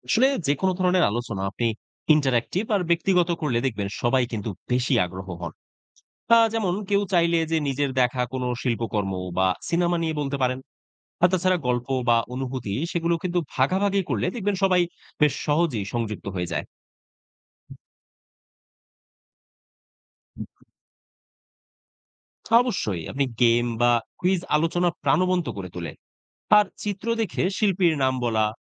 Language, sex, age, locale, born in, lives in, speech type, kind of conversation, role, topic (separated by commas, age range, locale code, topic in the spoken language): Bengali, male, 30-34, bn-BD, Bangladesh, Bangladesh, read, expert-assistant, expert, শিল্প নিয়ে আলোচনা আরও প্রাণবন্ত করতে কী কী নতুন উপায় ভাবা যেতে পারে?